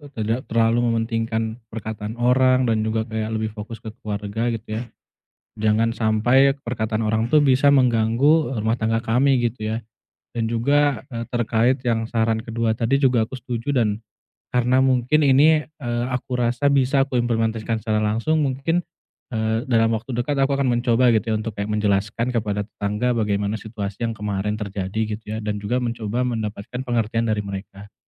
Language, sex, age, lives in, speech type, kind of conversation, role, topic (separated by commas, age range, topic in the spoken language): Indonesian, male, 30-34, Indonesia, conversation, advice, user, Bagaimana cara membangun kembali rasa harga diri saya?